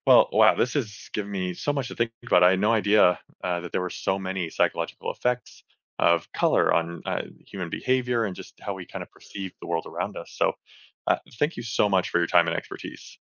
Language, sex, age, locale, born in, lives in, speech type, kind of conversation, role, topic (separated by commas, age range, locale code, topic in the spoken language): English, male, 35-39, en-US, United States, United States, read, expert-assistant, asker, What are the psychological effects of color on human behavior?